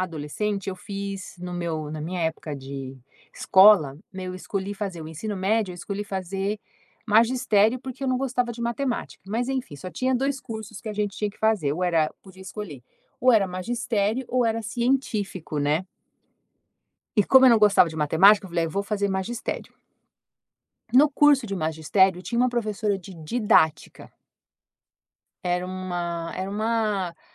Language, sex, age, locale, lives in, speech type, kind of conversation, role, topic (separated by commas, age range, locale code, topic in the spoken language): Portuguese, female, 50-54, pt-BR, United States, conversation, podcast, guest, Como contar a história por trás da obra sem estragar a surpresa?